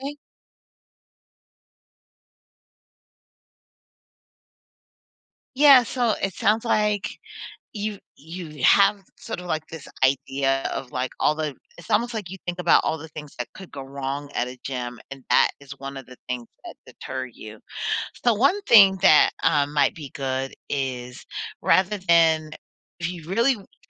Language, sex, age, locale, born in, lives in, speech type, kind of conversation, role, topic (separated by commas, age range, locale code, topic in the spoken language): English, female, 40-44, en-US, United States, United States, conversation, advice, advisor, How can I build my self-esteem so I'm less nervous in social situations and more willing to try new things?